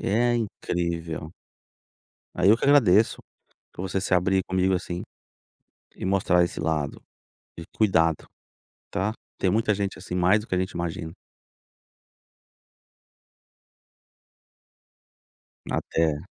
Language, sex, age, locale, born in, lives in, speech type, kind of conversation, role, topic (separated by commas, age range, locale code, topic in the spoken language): Portuguese, male, 45-49, pt-BR, Brazil, United States, conversation, podcast, host, Qual relacionamento mais transformou você e por quê?